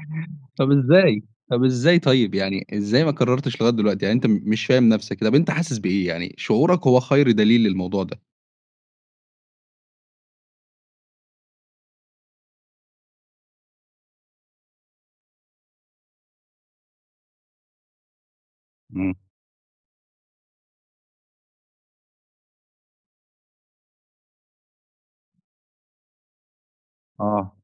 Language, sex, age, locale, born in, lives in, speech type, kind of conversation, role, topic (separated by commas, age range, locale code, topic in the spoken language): Arabic, male, 25-29, ar-EG, Egypt, Egypt, conversation, podcast, host, إزاي بتحافظ على التوازن بين شغلك وحياتك؟